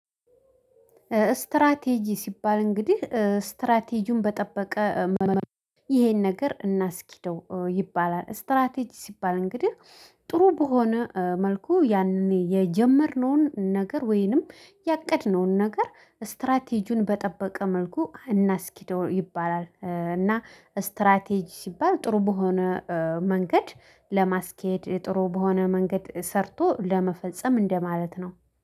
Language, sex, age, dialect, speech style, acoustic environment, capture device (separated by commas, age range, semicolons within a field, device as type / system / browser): Amharic, female, 25-34, Ethiopia - Addis Ababa, happy; excited, silence, mobile / Linux / Chrome